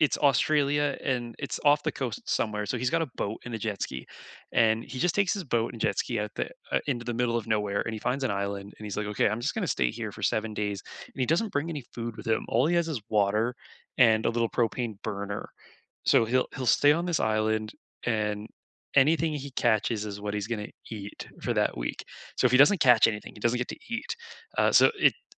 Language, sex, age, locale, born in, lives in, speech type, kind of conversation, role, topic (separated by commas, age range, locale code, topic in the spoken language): English, male, 25-29, en-CA, Canada, Canada, conversation, unstructured, none, What YouTube DIY channels, tips, and takeaways have helped you learn the most?